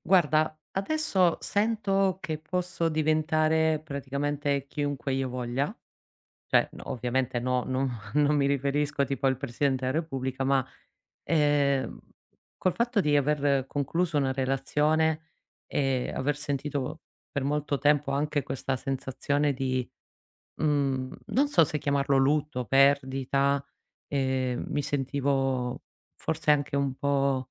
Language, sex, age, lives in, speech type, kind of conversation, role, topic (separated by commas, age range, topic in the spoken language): Italian, female, 40-44, Italy, conversation, advice, user, Come posso sfruttare questo cambiamento per reinventarmi dopo aver perso qualcosa di importante?